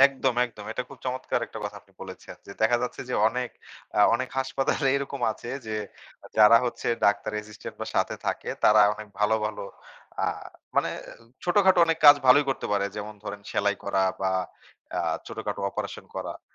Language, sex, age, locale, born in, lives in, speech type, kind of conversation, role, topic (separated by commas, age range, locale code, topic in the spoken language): Bengali, male, 25-29, bn-BD, Bangladesh, Bangladesh, conversation, podcast, guest, পড়াশোনা আর হাতে-কলমে দক্ষতার মধ্যে কোনটির ওপর বেশি গুরুত্ব দেওয়া উচিত?